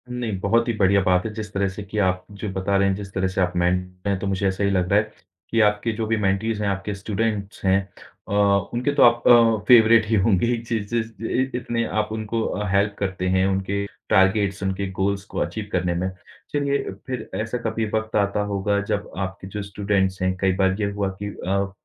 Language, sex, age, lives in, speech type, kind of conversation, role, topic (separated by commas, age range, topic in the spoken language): Hindi, male, 30-34, India, conversation, podcast, host, Aap apne mentees ko goals kaise set karna sikhate hain?